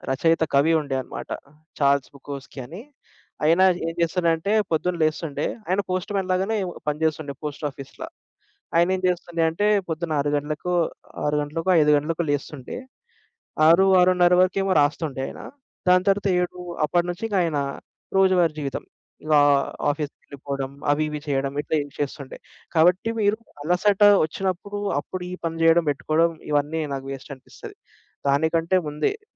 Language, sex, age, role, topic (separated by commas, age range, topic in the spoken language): Telugu, male, 25-29, guest, ఆలస్యం చేస్తూ పనిని వాయిదా వేసే అలవాటు వచ్చినప్పుడు మీరు ఏమి చేస్తారు?